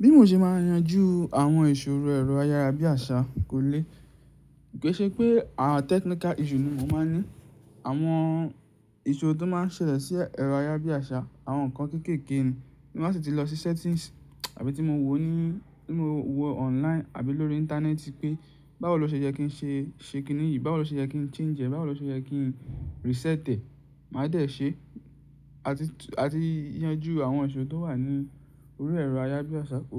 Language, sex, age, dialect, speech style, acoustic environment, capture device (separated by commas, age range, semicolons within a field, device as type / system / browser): Yoruba, male, 18-24, Nigeria - Ibadan, focused, silence, mobile / Linux / Chrome